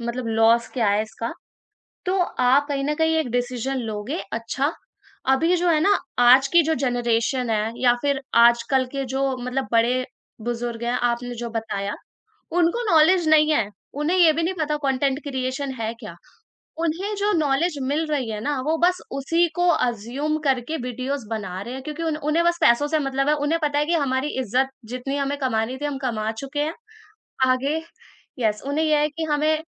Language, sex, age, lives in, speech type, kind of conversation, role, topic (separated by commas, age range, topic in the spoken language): Hindi, female, 20-24, India, conversation, podcast, guest, Reels aur chhote video ka bhavishya tumhein kaisa lagta hai?